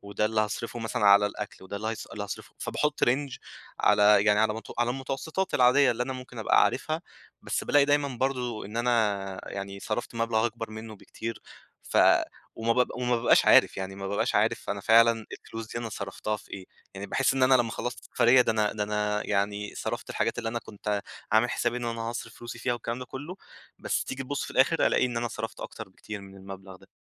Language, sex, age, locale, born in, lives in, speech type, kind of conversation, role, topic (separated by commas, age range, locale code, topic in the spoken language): Arabic, male, 25-29, ar-EG, Egypt, Egypt, conversation, advice, user, إزاي أخطط لعطلتي من غير ما أرفع سقف توقعاتي وأتضايق؟